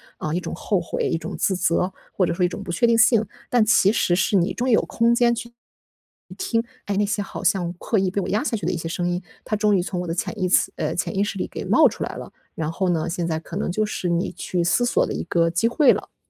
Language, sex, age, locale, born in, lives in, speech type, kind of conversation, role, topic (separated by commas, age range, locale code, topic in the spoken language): Chinese, female, 25-29, zh-CN, China, Germany, conversation, advice, advisor, 分手後我該如何學會獨處並重新找回自己？